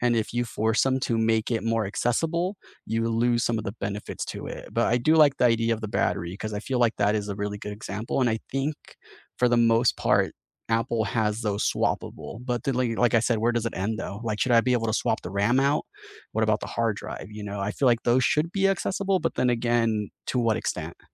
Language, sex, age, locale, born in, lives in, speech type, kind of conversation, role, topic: English, male, 30-34, en-US, United States, United States, conversation, unstructured, none, Should tech companies be legally required to make consumer devices repairable?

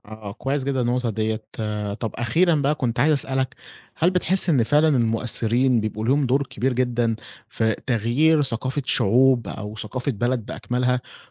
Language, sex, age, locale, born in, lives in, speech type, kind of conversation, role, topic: Arabic, male, 20-24, ar-EG, Egypt, Egypt, conversation, podcast, host, إزاي بتختار المؤثر اللي تتابعه وليه؟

